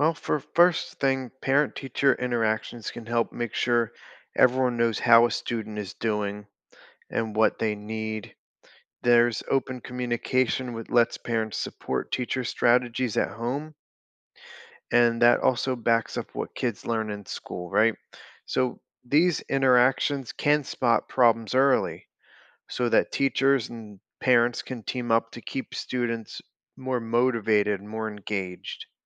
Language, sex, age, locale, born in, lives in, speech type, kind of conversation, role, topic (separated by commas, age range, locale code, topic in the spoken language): English, male, 35-39, en-US, United States, United States, read, expert-assistant, expert, How do teachers make learning engaging and effective?